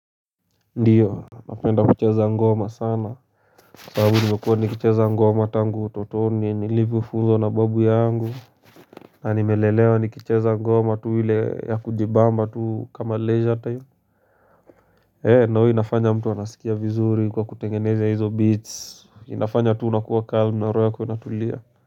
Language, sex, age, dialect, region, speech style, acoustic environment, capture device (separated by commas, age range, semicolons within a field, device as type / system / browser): Swahili, male, 18-24, Kenya - Nairobi Sheng-influenced, Kenya, focused, silence, mobile / Linux / Chrome